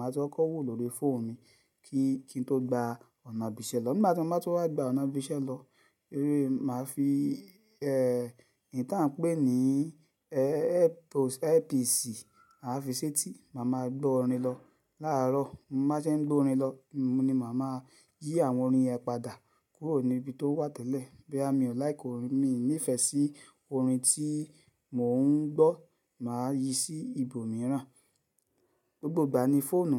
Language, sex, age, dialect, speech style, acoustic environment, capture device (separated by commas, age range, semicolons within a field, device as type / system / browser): Yoruba, male, 35-44, Nigeria - Lagos Yoruba, happy; excited; focused, silence, mobile / Linux / Chrome